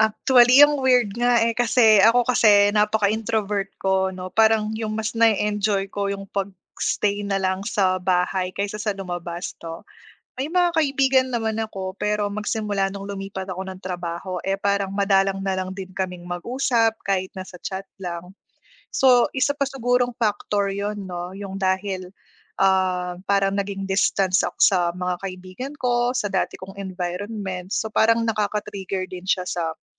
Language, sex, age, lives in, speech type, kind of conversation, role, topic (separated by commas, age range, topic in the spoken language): Filipino, female, 30-34, Philippines, conversation, advice, user, Ano ang maaari mong gawin kapag pagod ka at wala kang gana dahil sa sobrang pagkaubos ng lakas?